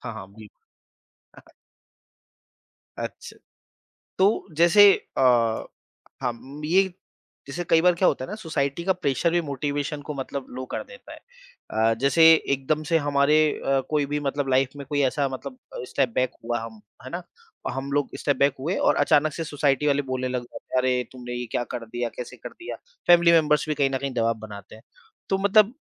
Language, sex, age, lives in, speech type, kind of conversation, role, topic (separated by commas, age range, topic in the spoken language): Hindi, male, 30-34, India, conversation, podcast, host, Confidence badhane ke liye aap kaun-se practical tips denge?